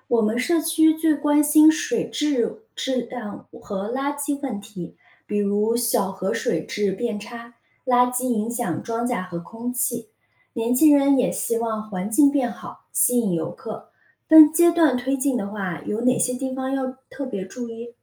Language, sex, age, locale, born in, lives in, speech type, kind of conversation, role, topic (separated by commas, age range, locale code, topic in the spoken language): Chinese, female, 30-34, zh-CN, China, United States, read, expert-assistant, asker, 在野生动物保护中，哪些物种的保护最应该被优先考虑？